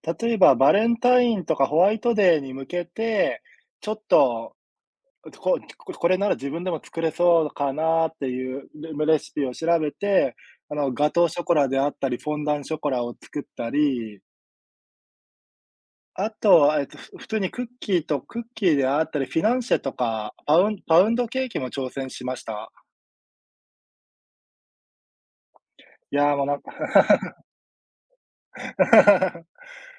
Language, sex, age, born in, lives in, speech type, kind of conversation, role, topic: Japanese, male, 30-34, Japan, Japan, conversation, unstructured, none, 料理は普段ご自分でされますか？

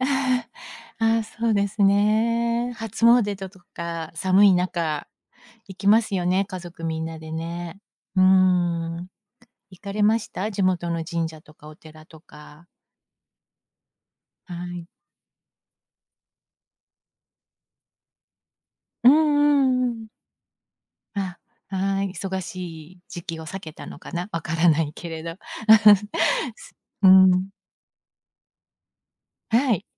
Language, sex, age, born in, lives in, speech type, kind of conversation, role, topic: Japanese, female, 55-59, Japan, United States, conversation, podcast, host, 日本の季節感についてどう思いますか？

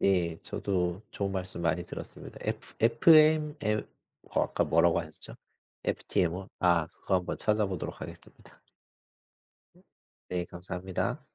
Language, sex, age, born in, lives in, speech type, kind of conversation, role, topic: Korean, male, 40-44, South Korea, South Korea, conversation, unstructured, none, 투자를 시작할 때 가장 중요한 것은 무엇이라고 생각하시나요?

